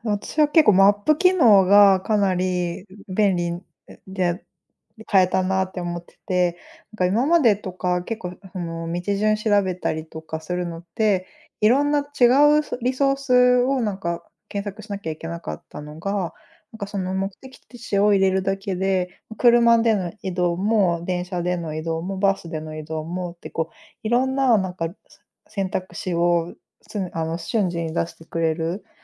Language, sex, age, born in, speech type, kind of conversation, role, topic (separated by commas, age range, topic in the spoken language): Japanese, female, 35-39, Japan, conversation, unstructured, none, 科学の進歩でいちばん嬉しかったことは何ですか？